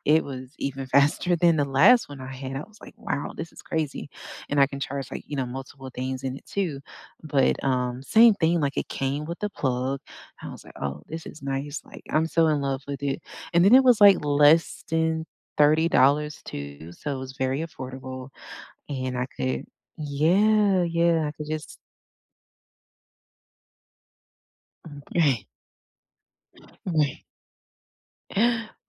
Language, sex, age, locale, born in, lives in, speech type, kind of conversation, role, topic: English, female, 35-39, en-US, United States, United States, conversation, unstructured, none, What portable chargers or power banks have actually saved you in everyday use, and when do they fall short?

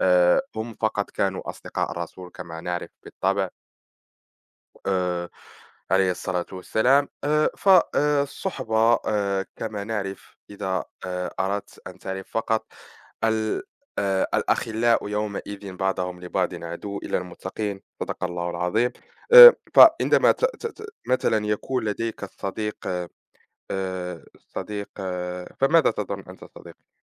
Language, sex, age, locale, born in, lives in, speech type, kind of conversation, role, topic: Arabic, male, 20-24, ar-MA, Morocco, France, conversation, unstructured, none, إزاي بتوازن بين وقتك مع العيلة ووقتِك مع أصحابك؟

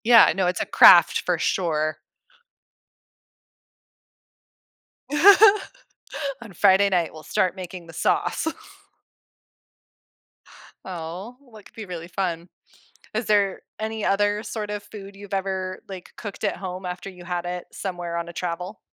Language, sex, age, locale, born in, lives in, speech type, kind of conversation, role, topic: English, female, 35-39, en-US, United States, United States, conversation, podcast, host, How does exploring new foods enhance your travel experiences and connections with local culture?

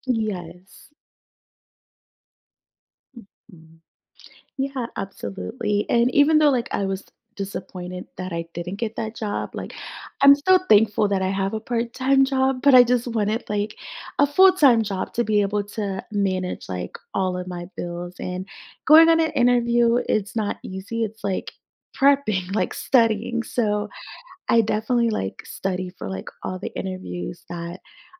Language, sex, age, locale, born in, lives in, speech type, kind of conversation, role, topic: English, female, 30-34, en-US, United States, United States, conversation, advice, user, How can I bounce back and improve after a failed job interview?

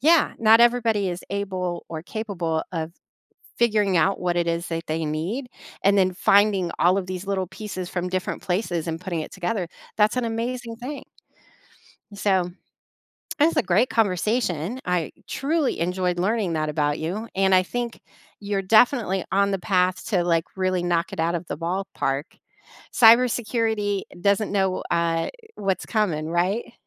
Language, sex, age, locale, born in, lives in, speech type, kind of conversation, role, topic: English, female, 45-49, en-US, United States, United States, conversation, podcast, host, How do you balance work and personal life?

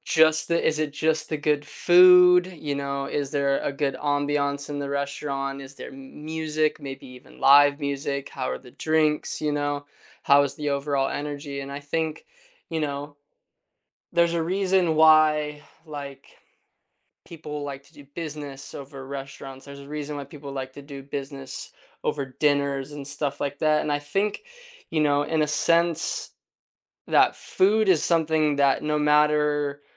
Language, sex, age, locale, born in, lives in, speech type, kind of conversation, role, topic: English, male, 20-24, en-US, United States, United States, conversation, unstructured, none, What role does food play in your family gatherings?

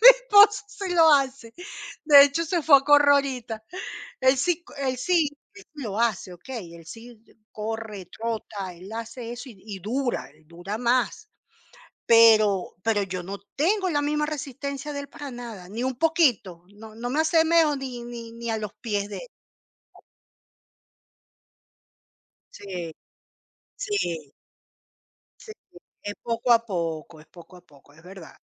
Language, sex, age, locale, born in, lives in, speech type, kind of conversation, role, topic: Spanish, female, 45-49, es-CL, Venezuela, Portugal, conversation, unstructured, none, ¿Alguna vez has sentido que hacer deporte te ayuda a ser más feliz?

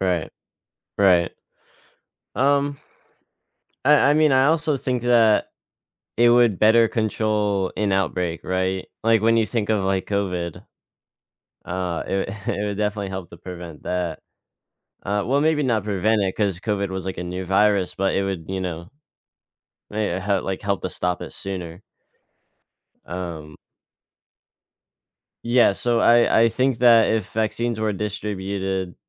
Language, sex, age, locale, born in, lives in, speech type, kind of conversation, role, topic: English, male, 18-19, en-US, United States, United States, conversation, unstructured, none, What could happen to global health if countries worked together to make vaccines accessible to everyone?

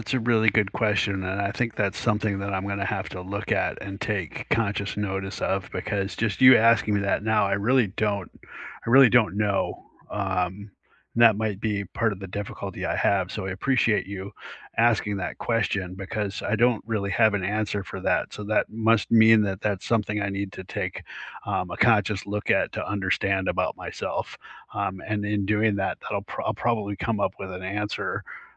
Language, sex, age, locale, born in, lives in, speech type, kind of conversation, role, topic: English, male, 50-54, en-US, United States, United States, conversation, unstructured, none, What kind of support is most helpful to you when life gets busy?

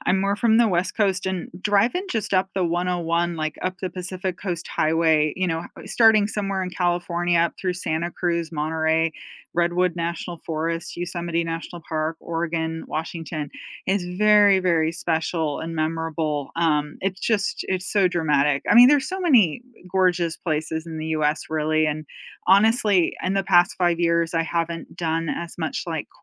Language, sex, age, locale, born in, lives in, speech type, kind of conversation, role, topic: English, female, 45-49, en-US, United States, United States, conversation, unstructured, none, What’s the best nearby weekend road trip you’ve actually taken, and what made it unforgettable?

